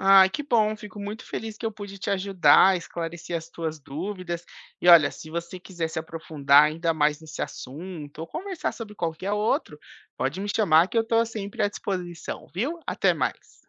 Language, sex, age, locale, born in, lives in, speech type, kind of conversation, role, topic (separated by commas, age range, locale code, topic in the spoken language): Portuguese, male, 30-34, pt-BR, Brazil, United States, read, expert-assistant, expert, Quais habilidades pessoais e profissionais são mais valorizadas para quem deseja crescer na área de tecnologia agrícola?